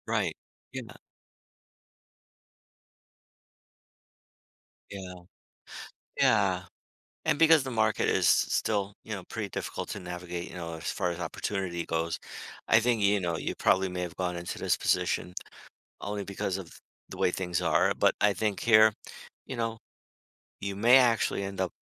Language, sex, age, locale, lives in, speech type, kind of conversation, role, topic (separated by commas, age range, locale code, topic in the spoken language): English, male, 55-59, en-US, United States, conversation, advice, advisor, How can I switch careers?